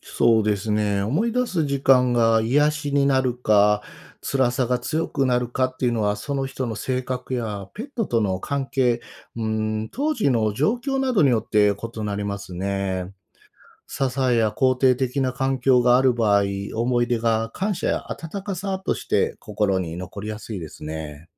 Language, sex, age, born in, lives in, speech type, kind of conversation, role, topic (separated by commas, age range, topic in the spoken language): Japanese, male, 45-49, Japan, Japan, read, expert-assistant, expert, ペットとの別れは私たちの心や人生にどんな影響を与えるのでしょうか？